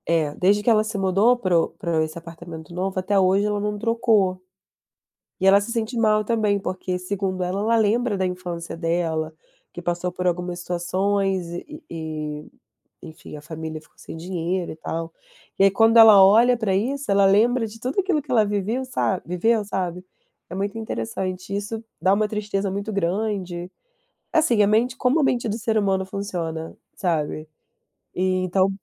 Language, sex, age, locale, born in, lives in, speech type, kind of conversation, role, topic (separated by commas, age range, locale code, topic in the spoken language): Portuguese, female, 30-34, pt-BR, Brazil, Germany, conversation, unstructured, none, Qual é o seu ambiente ideal para relaxar em casa?